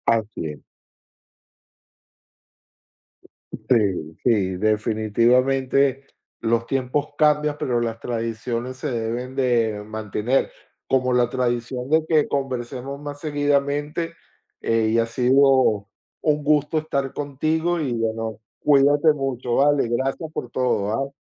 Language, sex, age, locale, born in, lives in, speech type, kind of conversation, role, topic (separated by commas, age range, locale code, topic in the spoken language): Spanish, male, 20-24, es-VE, United States, United States, conversation, unstructured, none, ¿Cómo celebras las tradiciones en tu familia?